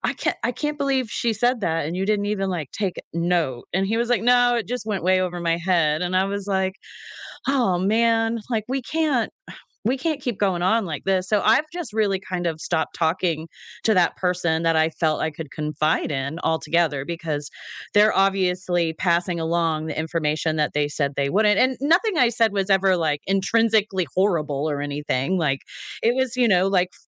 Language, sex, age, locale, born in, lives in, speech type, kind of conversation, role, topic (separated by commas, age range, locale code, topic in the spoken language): English, female, 40-44, en-US, Canada, United States, conversation, unstructured, none, What is your opinion on gossip within families?